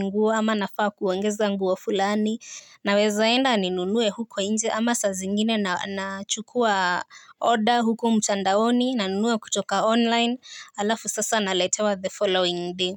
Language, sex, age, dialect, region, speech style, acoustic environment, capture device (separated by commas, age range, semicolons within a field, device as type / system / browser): Swahili, female, 18-24, Kenya - Nairobi Sheng-influenced, Kenya, happy, nature, mobile / Linux / Chrome